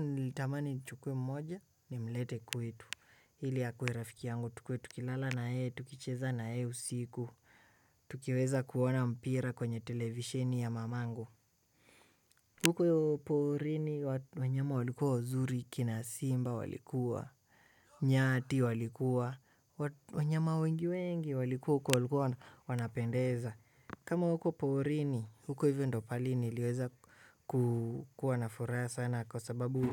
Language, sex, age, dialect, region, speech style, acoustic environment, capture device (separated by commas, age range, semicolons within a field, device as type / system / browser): Swahili, male, 18-24, Kenya - Nairobi Sheng-influenced, Kenya, excited; focused, nature, desktop / Windows / Chrome